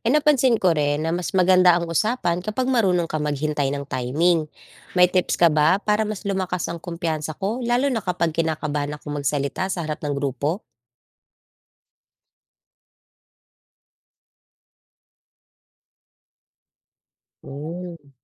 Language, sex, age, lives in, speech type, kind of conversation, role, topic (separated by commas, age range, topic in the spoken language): Filipino, female, 35-39, Philippines, read, expert-assistant, asker, Paano mo matutulungan ang sarili mong lumago habang tumutulong ka rin sa iba sa pamahalaan?